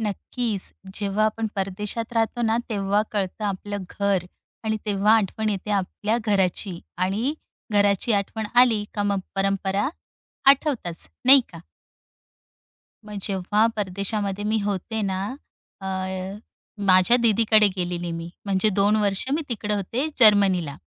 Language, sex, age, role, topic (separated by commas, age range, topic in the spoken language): Marathi, female, 35-39, guest, तुम्ही परदेशात गेल्यावर घरच्या परंपरा कशा जपता?